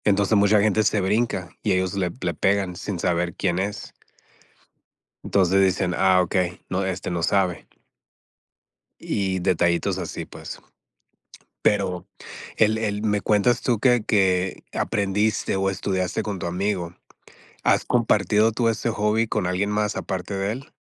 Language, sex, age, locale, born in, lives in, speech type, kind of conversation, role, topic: Spanish, male, 40-44, es-MX, United States, United States, conversation, unstructured, none, ¿Has descubierto algo inesperado sobre ti gracias a algún pasatiempo?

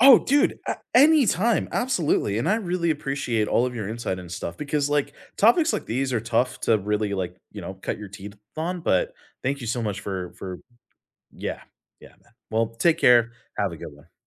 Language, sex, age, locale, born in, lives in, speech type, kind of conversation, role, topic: English, male, 30-34, en-US, United States, United States, conversation, unstructured, none, How should I evaluate causes of corruption in our politics?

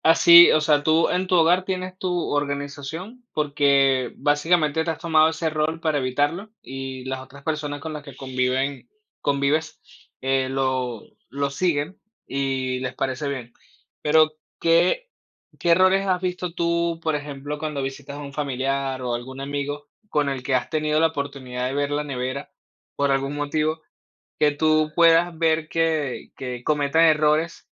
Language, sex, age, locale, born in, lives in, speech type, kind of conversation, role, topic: Spanish, male, 30-34, es-VE, Venezuela, Spain, conversation, podcast, host, ¿Qué haces para mantener la nevera organizada y evitar el desperdicio de alimentos?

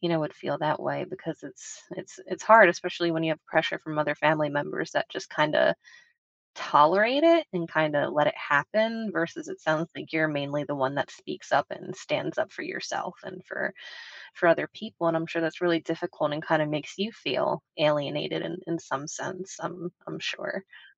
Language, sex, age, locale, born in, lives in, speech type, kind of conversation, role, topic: English, female, 30-34, en-US, United States, United States, conversation, advice, advisor, How can I set boundaries with a toxic family member without escalating conflict?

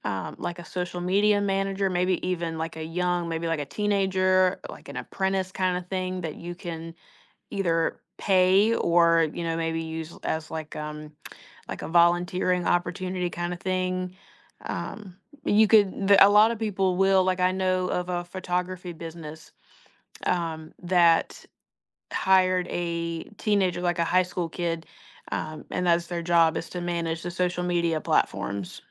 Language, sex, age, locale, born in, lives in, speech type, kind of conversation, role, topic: English, female, 20-24, en-US, United States, United States, conversation, advice, advisor, How can I balance my work and personal life without feeling overwhelmed?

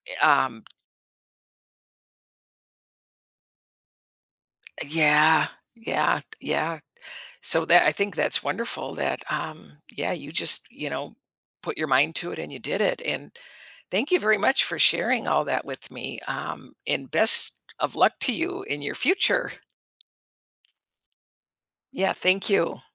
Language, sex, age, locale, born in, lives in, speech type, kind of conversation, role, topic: English, female, 65-69, en-US, United States, United States, conversation, podcast, host, How do the choices we make shape the direction of our lives?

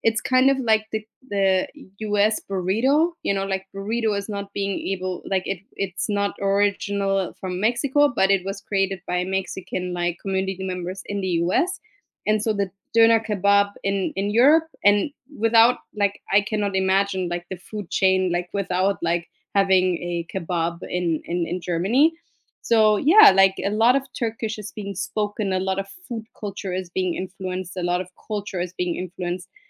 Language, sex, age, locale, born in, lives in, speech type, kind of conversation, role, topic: English, female, 35-39, en-DE, Germany, United States, conversation, unstructured, none, How do you pass on cultural knowledge to younger generations?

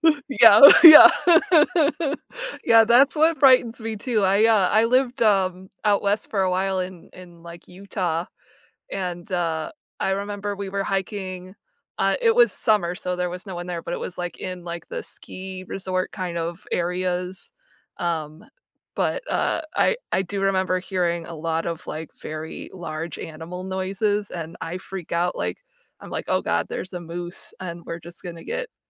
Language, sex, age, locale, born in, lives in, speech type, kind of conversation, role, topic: English, female, 35-39, en-US, United States, United States, conversation, unstructured, none, What’s a hobby that really shows who you are?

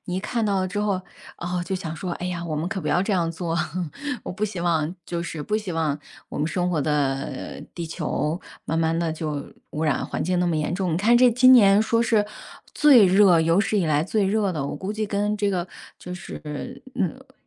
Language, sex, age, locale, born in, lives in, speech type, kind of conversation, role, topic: Chinese, female, 40-44, zh-CN, China, United States, conversation, unstructured, none, 你觉得为什么保护环境很重要？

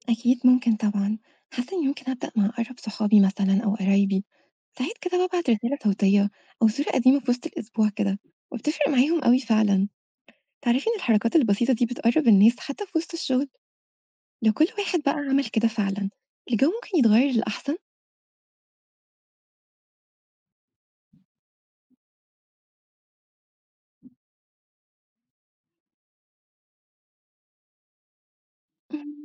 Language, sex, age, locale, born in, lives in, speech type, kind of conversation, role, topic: Arabic, female, 25-29, ar-EG, Egypt, Egypt, read, expert-assistant, asker, إزاي ممكن العادات الاجتماعية تتغير مع ظهور تكنولوجيا جديدة في المجتمع؟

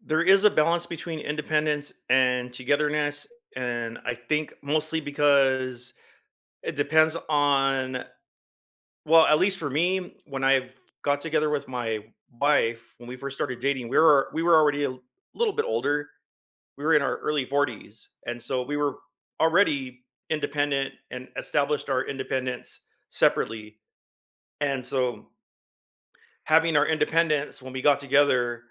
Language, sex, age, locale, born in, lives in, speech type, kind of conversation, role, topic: English, male, 50-54, en-US, United States, United States, conversation, unstructured, none, How do you balance independence and togetherness in close relationships?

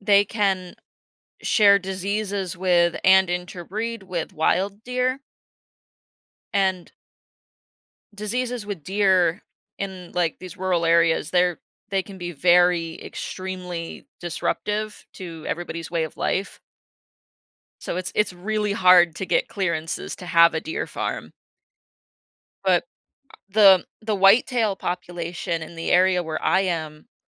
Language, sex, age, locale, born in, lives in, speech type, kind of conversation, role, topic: English, female, 25-29, en-US, United States, United States, conversation, podcast, guest, What challenges do animals face when adapting to changes in their environment?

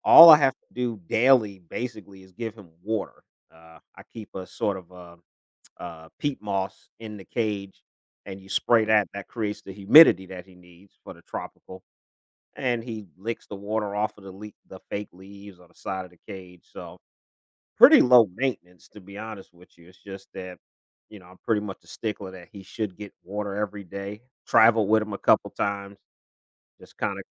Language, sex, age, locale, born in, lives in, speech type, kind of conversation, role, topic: English, male, 55-59, en-US, United States, United States, conversation, unstructured, none, What makes pets such important companions in our lives?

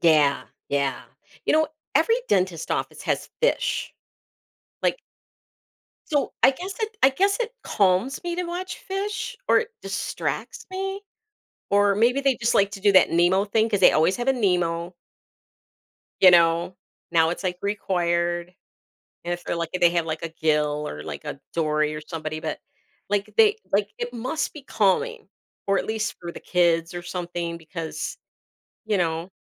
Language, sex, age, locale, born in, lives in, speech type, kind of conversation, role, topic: English, female, 55-59, en-US, United States, United States, conversation, unstructured, none, How do animals impact our emotions and well-being?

